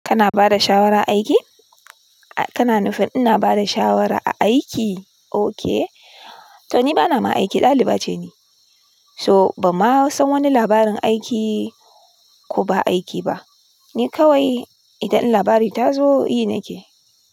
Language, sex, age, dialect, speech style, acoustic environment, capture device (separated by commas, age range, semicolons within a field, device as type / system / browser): Hausa, female, 25-34, Nigeria - Kano, tired; nervous, music; appliances, desktop / Linux / Chrome